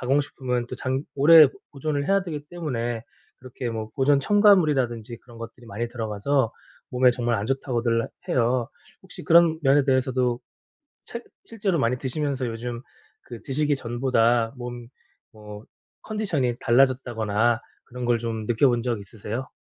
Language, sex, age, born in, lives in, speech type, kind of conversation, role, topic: Korean, male, 40-44, South Korea, South Korea, conversation, advice, advisor, 가공식품 섭취를 줄이려면 어디서부터 시작하는 것이 좋을까요?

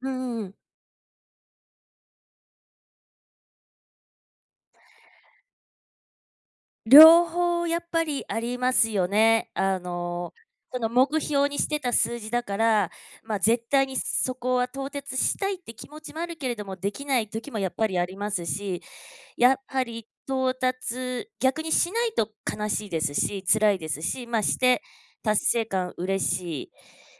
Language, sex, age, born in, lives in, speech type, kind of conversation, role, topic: Japanese, female, 45-49, Japan, United States, conversation, unstructured, none, 仕事で最もやりがいを感じた瞬間はいつですか？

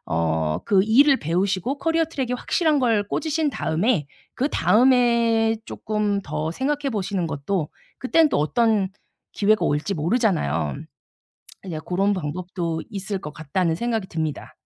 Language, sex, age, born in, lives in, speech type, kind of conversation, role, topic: Korean, female, 45-49, South Korea, South Korea, conversation, advice, advisor, 새로운 직장 제안들 사이에서 조건을 비교해 협상까지 고려할 때 어떻게 선택하면 좋을까요?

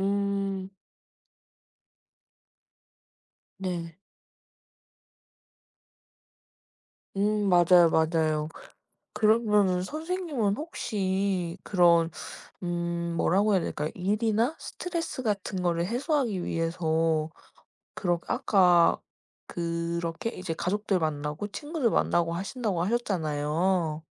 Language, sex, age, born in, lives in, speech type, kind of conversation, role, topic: Korean, female, 20-24, South Korea, Japan, conversation, unstructured, none, 일과 삶의 균형을 어떻게 맞추고 계신가요?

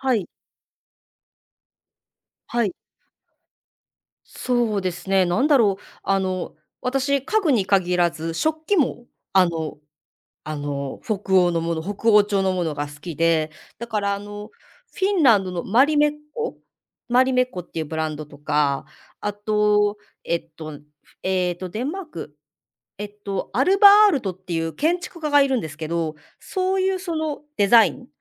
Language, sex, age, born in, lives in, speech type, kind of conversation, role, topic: Japanese, female, 35-39, Japan, Japan, conversation, podcast, guest, 家でいちばん落ち着く場所はどこですか？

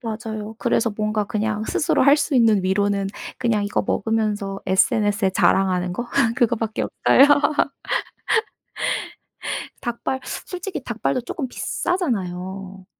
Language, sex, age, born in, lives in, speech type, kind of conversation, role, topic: Korean, female, 30-34, South Korea, South Korea, conversation, unstructured, none, 당신이 가장 좋아하는 음식은 무엇인가요?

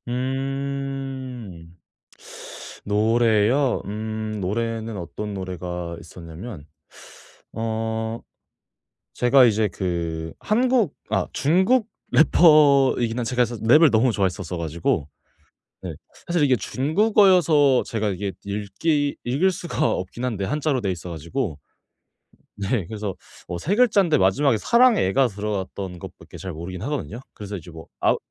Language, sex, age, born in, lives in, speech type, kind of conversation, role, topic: Korean, male, 18-19, South Korea, Japan, conversation, podcast, guest, 요즘은 주로 어떤 방법으로 신곡을 찾아 들으시나요?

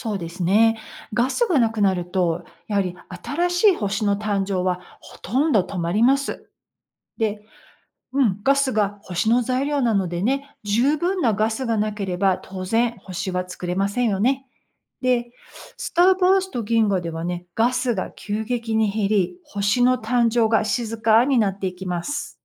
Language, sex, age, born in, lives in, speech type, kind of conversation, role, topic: Japanese, female, 55-59, United States, United States, read, expert-assistant, expert, 星は本当に“生まれて” “死ぬ”と聞きましたが、それはどうやって確かめられているのですか？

